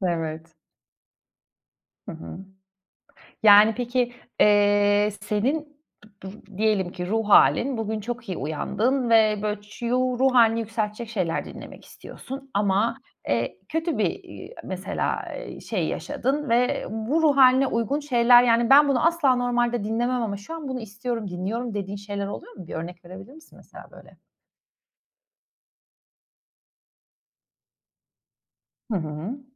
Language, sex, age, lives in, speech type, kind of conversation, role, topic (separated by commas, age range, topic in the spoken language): Turkish, female, 35-39, Italy, conversation, podcast, host, Müzik zevkin nasıl şekillendi ve en çok neler dinlersin?